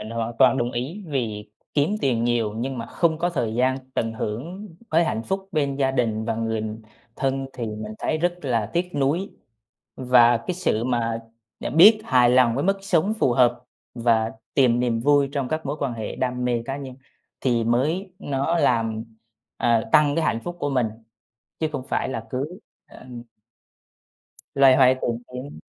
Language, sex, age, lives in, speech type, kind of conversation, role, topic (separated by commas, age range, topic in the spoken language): Vietnamese, male, 25-29, Vietnam, conversation, unstructured, none, Tiền bạc ảnh hưởng như thế nào đến hạnh phúc của bạn?